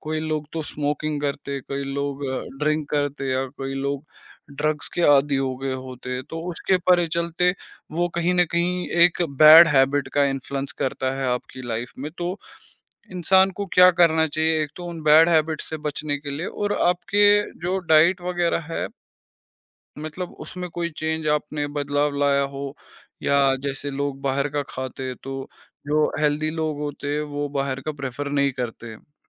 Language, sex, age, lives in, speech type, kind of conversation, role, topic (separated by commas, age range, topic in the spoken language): Hindi, male, 25-29, India, conversation, podcast, host, Aap healthy aadaton ko mazedaar kaise banate ho, taaki woh boring na lage?